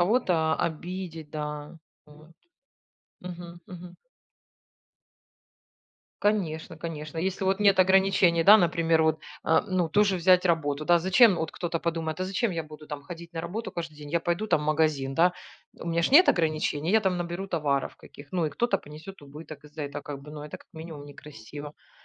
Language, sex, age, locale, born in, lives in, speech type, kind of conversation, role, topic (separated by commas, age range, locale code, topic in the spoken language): Russian, female, 40-44, ru-RU, Ukraine, Spain, conversation, unstructured, none, Какие последствия могут возникнуть, если каждый человек будет без ограничений следовать своим желаниям?